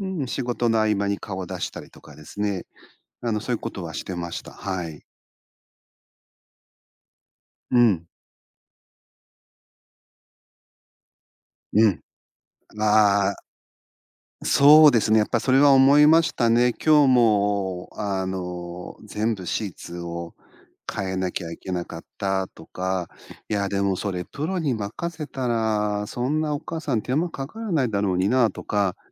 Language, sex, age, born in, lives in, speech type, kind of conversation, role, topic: Japanese, male, 50-54, Japan, Japan, conversation, podcast, guest, 介護が必要になったら、どうすればいいですか？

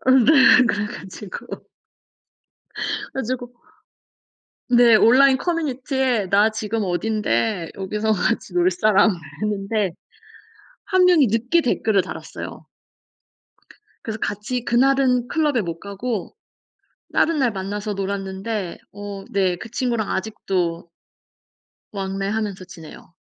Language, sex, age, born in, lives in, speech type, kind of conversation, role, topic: Korean, female, 35-39, South Korea, Germany, conversation, unstructured, none, 소셜미디어가 우리의 인간관계에 어떤 영향을 미칠까요?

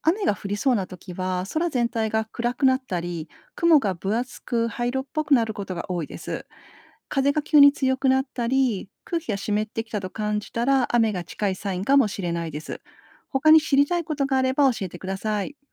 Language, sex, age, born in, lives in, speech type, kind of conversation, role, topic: Japanese, female, 50-54, Japan, Japan, read, expert-assistant, expert, なぜ雨が降るのか説明してください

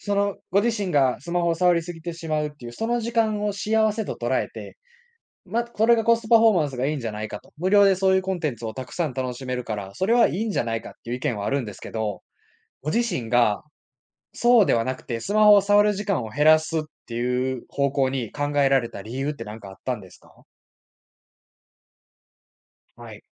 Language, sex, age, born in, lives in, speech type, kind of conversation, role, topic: Japanese, male, 20-24, Japan, Japan, conversation, podcast, host, 通知やスマホの扱い、どうしてる？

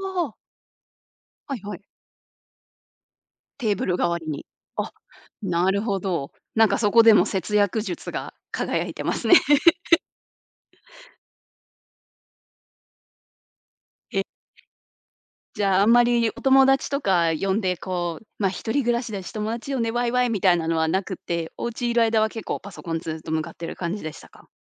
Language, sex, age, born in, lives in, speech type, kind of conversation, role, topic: Japanese, female, 30-34, Japan, United States, conversation, podcast, host, 狭い部屋を快適にするアイデアは？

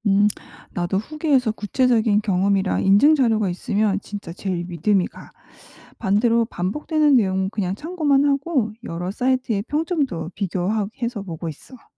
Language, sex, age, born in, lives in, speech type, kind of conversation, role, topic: Korean, female, 45-49, South Korea, United States, read, expert-assistant, asker, SNS에서 많이 보이는 '추천'이나 '후기'는 마케팅에서 어떤 의미를 가지나요? 왜 중요한지 궁금해요.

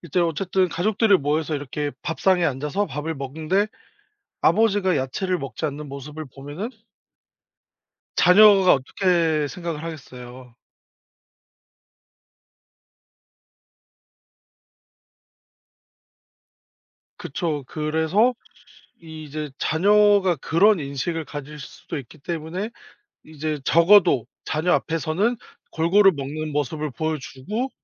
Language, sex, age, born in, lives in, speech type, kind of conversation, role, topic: Korean, male, 30-34, South Korea, South Korea, conversation, advice, advisor, 아이 또는 배우자의 편식 때문에 식사 준비가 갈등이 될 때 어떻게 해결해야 하나요?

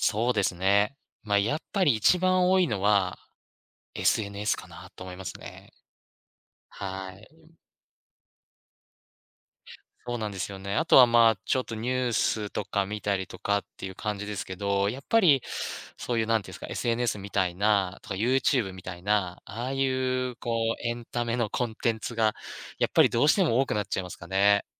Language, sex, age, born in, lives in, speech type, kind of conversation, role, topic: Japanese, male, 35-39, Japan, Japan, conversation, advice, user, SNSやスマホで時間を無駄にしてしまうのはなぜですか？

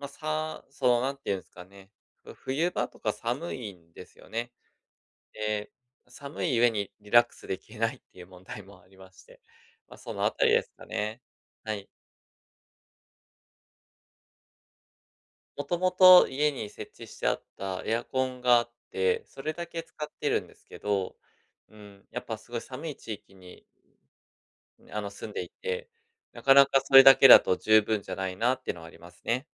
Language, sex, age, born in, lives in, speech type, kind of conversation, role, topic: Japanese, male, 35-39, Japan, Japan, conversation, advice, user, 家でリラックスできないとき、どうすれば改善できますか？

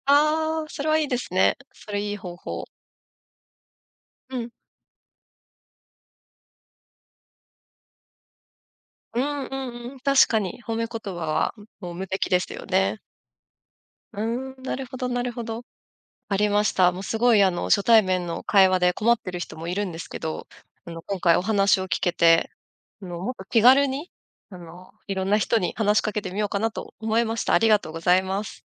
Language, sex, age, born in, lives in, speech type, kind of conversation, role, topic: Japanese, female, 35-39, Japan, Japan, conversation, podcast, host, 初対面で会話を切り出すとき、どんなふうに始めていますか？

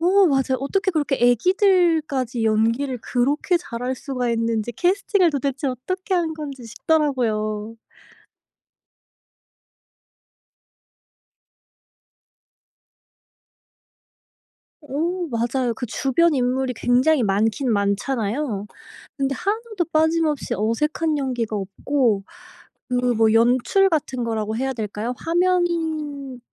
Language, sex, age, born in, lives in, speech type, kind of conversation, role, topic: Korean, female, 25-29, South Korea, United States, conversation, unstructured, none, 어떤 기준으로 TV 프로그램을 선택해 보시나요?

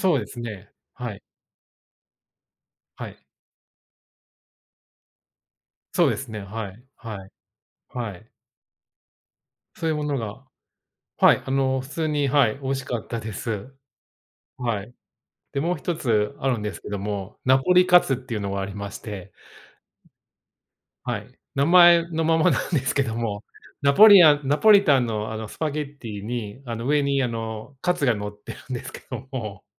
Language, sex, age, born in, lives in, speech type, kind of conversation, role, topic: Japanese, male, 45-49, Japan, United States, conversation, unstructured, none, 旅先で食べた珍しい食べ物は何でしたか？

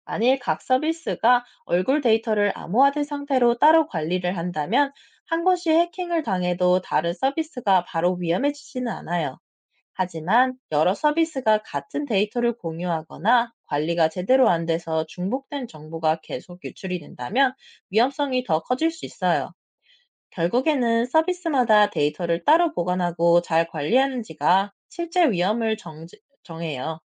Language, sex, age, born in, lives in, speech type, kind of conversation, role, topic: Korean, male, 30-34, South Korea, France, read, expert-assistant, expert, 생체 정보 기반 결제 시스템의 장단점은 무엇인가요?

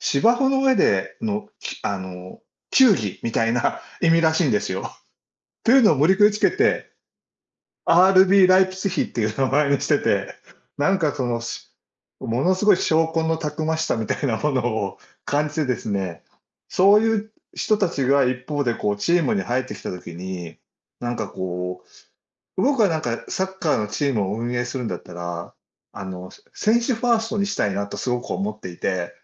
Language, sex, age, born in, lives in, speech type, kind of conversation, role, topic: Japanese, male, 60-64, Japan, Japan, conversation, unstructured, none, 最近のニュースの中で、いちばん気になったことは何ですか？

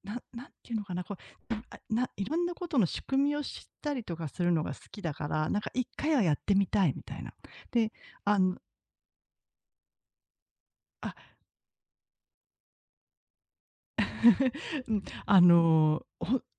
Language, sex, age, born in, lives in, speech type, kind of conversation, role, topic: Japanese, female, 40-44, Japan, Japan, conversation, unstructured, none, 家族と過ごす時間はどのような感じですか？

